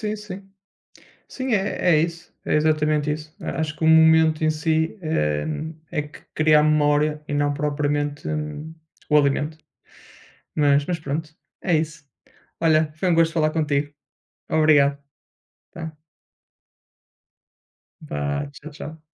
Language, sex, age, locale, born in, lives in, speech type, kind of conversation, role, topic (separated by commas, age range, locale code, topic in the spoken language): Portuguese, male, 30-34, pt-PT, Portugal, Portugal, conversation, unstructured, none, Qual foi a refeição mais especial que você já experimentou?